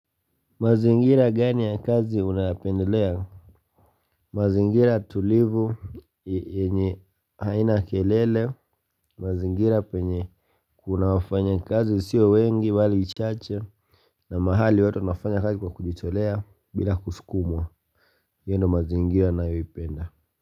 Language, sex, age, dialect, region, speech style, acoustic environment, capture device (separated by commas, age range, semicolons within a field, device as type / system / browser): Swahili, male, 25-34, Kenya - Mombasa, Kenya, relaxed, silence, mobile / Linux / Chrome